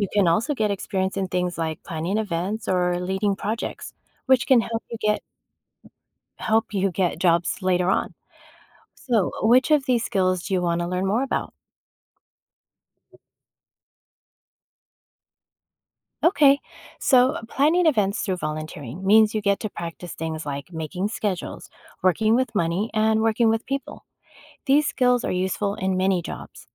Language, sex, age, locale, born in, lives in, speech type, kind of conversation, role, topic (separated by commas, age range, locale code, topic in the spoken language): English, female, 50-54, en-US, United States, United States, read, expert-assistant, expert, What are the benefits of volunteering in your community?